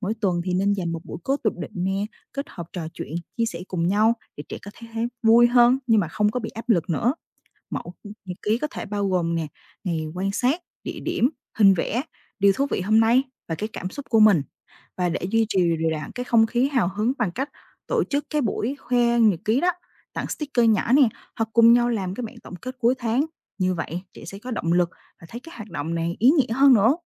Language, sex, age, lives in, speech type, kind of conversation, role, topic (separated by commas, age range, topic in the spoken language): Vietnamese, female, 20-24, Vietnam, read, expert-assistant, expert, Nếu trâu biến mất ở làng quê Việt Nam, cuộc sống và văn hóa sẽ thay đổi như thế nào?